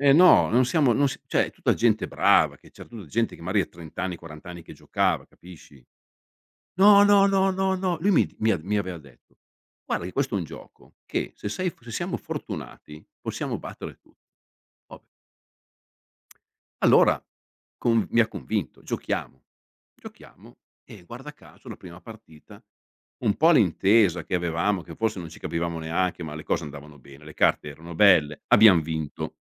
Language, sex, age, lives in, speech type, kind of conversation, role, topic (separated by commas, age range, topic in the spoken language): Italian, male, 55-59, Italy, conversation, podcast, guest, Com’è stato il tuo primo tentativo fallito e cosa hai imparato?